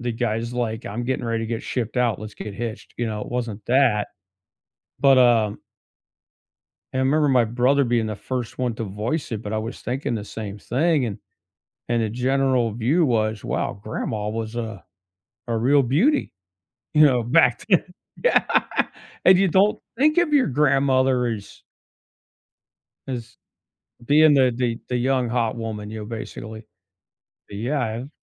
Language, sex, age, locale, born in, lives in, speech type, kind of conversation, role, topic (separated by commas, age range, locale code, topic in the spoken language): English, male, 55-59, en-US, United States, United States, conversation, unstructured, none, What travel memory still makes you smile, and what small moments made it so special?